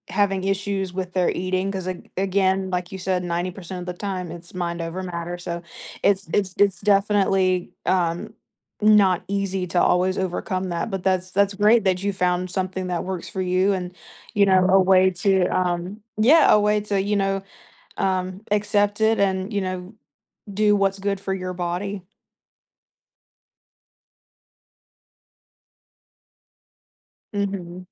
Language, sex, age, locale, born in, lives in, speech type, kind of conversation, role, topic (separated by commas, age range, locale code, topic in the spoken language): English, female, 20-24, en-US, United States, United States, conversation, podcast, host, What habits or routines help you maintain your overall well-being?